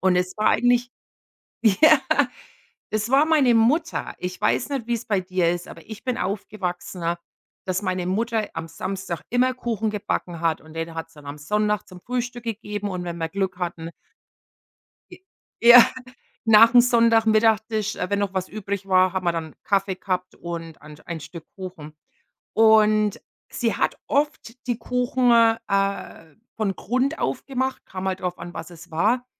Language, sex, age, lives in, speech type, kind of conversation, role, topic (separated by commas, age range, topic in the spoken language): German, female, 45-49, United States, conversation, unstructured, none, Welche Kindheitserinnerung bringt dich zum Lachen?